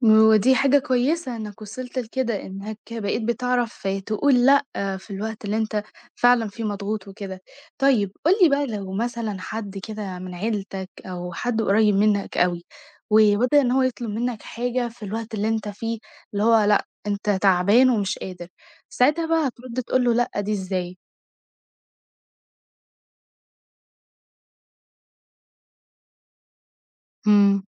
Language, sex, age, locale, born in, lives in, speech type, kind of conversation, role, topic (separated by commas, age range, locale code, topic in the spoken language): Arabic, female, 18-19, ar-EG, Egypt, Egypt, conversation, podcast, host, إزاي بتعرف تقول لأ؟